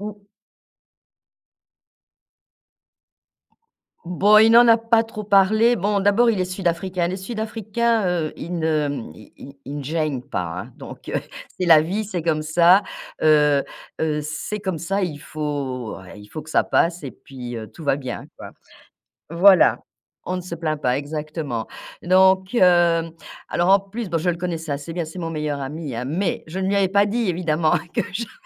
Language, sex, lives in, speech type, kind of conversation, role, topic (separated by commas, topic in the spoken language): French, female, France, conversation, podcast, guest, Peux-tu me raconter un moment où ton look a changé l’image que les autres avaient de toi ?